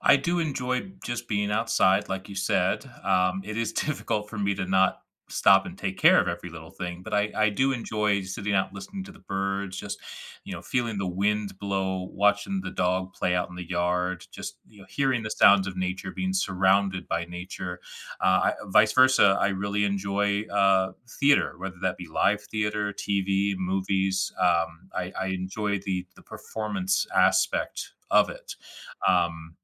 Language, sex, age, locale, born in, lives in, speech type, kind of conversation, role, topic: English, male, 35-39, en-US, United States, United States, conversation, advice, user, How can I manage overwhelming daily responsibilities without burning out?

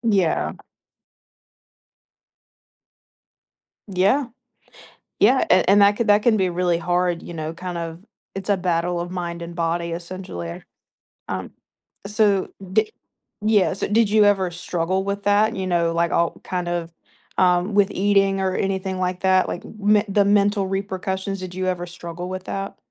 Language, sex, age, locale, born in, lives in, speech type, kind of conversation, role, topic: English, female, 20-24, en-US, United States, United States, conversation, podcast, host, What habits or routines help you maintain your overall well-being?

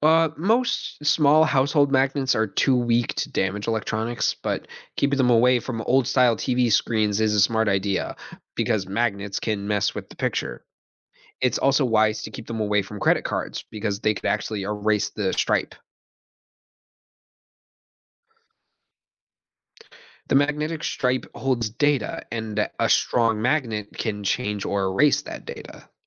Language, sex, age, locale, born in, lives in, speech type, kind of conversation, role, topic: English, male, 20-24, en-US, United States, United States, read, expert-assistant, expert, How do magnetic fields affect electronic devices?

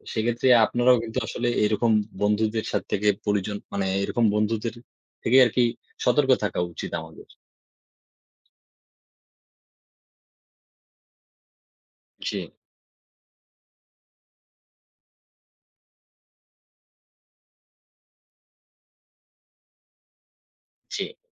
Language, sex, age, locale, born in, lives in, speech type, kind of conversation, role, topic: Bengali, male, 20-24, bn-BD, Bangladesh, Bangladesh, conversation, unstructured, none, আপনি কি কখনো দেখেছেন যে কোনো ছোট মনোমালিন্য বড় দ্বন্দ্বে পরিণত হয়েছে?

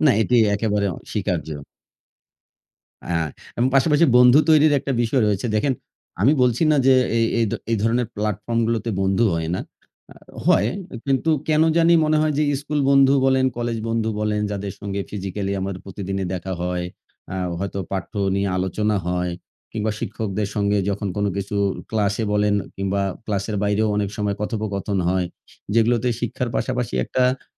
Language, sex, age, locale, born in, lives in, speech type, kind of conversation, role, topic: Bengali, male, 40-44, bn-BD, Bangladesh, Bangladesh, conversation, unstructured, none, আপনার মতে অনলাইন শিক্ষা আসলে কতটা কার্যকর?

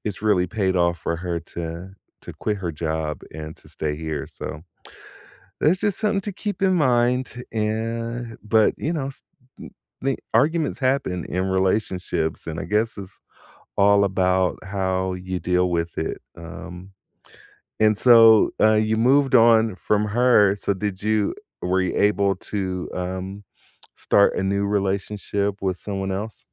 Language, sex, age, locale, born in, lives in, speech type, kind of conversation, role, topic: English, male, 50-54, en-US, United States, United States, conversation, unstructured, none, What actions, words, or rituals help you reconnect after a disagreement, and why do they deepen your connection?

